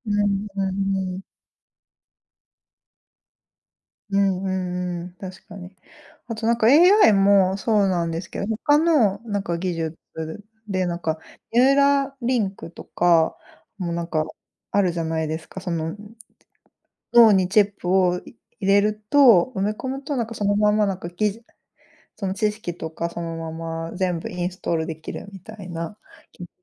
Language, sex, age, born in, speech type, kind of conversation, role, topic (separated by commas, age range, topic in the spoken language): Japanese, female, 35-39, Japan, conversation, unstructured, none, 科学の進歩でいちばん嬉しかったことは何ですか？